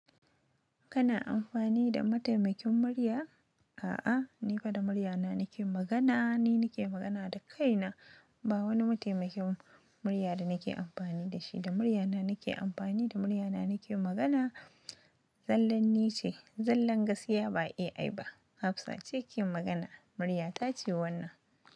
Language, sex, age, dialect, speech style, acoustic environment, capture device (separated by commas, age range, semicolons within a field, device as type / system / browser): Hausa, female, 25-34, Nigeria - Nigerian Standard, happy, nature, mobile / Linux / Chrome